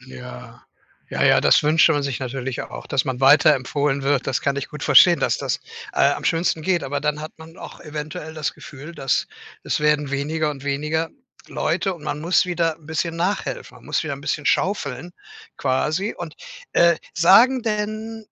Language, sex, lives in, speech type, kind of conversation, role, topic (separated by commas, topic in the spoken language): German, male, Germany, conversation, advice, advisor, Wie erlebst du den Konflikt zwischen deinen Karrierezielen und deinem Privatleben?